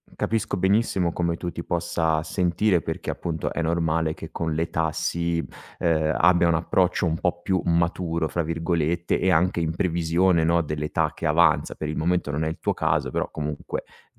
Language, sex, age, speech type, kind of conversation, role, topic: Italian, male, 35-39, conversation, advice, advisor, Come posso riuscire a stabilire una routine quotidiana di cura personale?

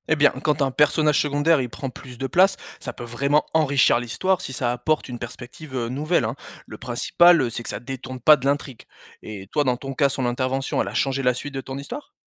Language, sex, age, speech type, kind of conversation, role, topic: French, male, 35-39, read, expert-assistant, expert, Comment donner vie à ses personnages pour que les lecteurs s’y attachent ?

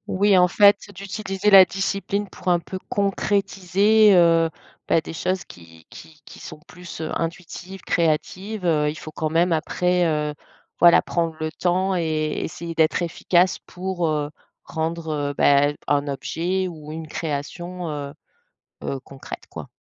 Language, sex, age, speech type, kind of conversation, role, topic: French, female, 45-49, conversation, podcast, host, Quels conseils donnerais-tu pour commencer une routine créative ?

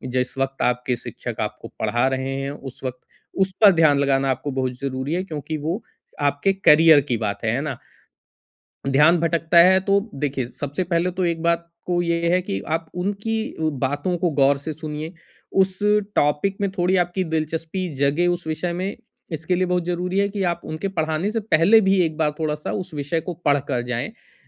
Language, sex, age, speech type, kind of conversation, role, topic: Hindi, male, 40-44, conversation, advice, advisor, मैं किसी की बात सचेत तरीके से कैसे सुन सकता हूँ?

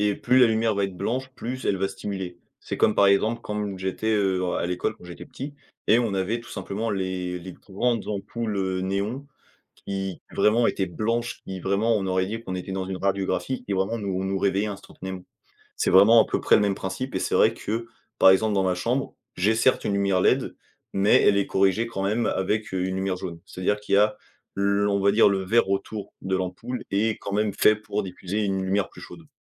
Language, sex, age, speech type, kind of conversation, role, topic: French, male, 20-24, conversation, podcast, guest, T’as des astuces pour mieux dormir malgré les écrans ?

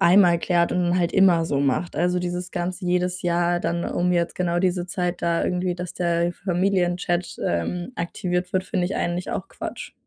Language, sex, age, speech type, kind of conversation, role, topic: German, female, 20-24, conversation, advice, user, Welches Geschenk soll ich meiner Freundin zum Geburtstag kaufen?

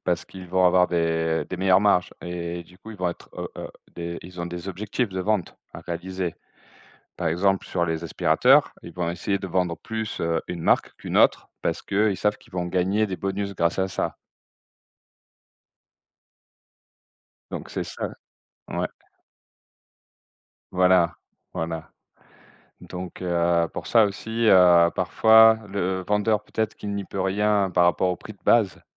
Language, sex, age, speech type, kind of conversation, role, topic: French, male, 40-44, conversation, unstructured, none, Quelle est votre stratégie pour négocier un meilleur prix ?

